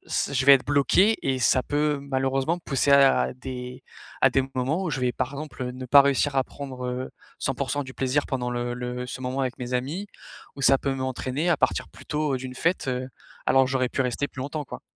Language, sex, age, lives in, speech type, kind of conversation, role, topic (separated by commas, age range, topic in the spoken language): French, male, 20-24, France, conversation, advice, user, Comment gérer mon anxiété pendant des fêtes entre amis ?